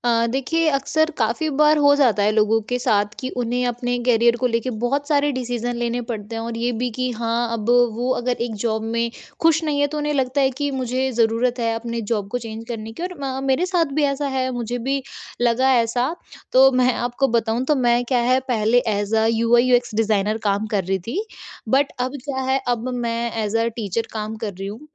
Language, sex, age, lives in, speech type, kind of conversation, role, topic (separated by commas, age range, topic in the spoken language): Hindi, female, 20-24, India, conversation, podcast, guest, Career change ke baad tumhari apni chhavi kaise badli?